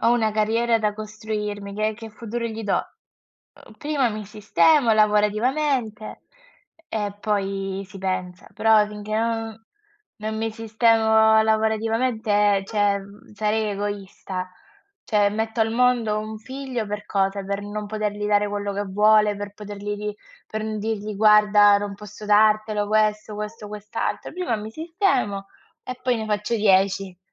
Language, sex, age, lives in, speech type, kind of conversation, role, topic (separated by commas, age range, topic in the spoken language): Italian, female, 20-24, Italy, conversation, unstructured, none, Quali sono gli elementi più importanti per una relazione felice?